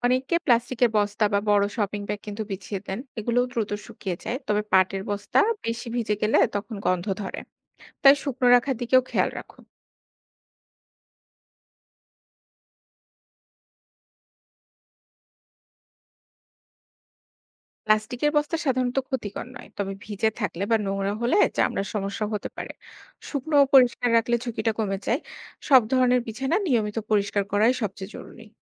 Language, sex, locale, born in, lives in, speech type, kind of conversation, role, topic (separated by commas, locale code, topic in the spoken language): Bengali, female, bn-BD, Bangladesh, Bangladesh, read, expert-assistant, expert, গরু-ছাগল পালনের কাজগুলো সহজে ও দ্রুত করার জন্য কোন কোন ঘরোয়া কৌশল বা সরঞ্জাম সবচেয়ে কাজে লাগে?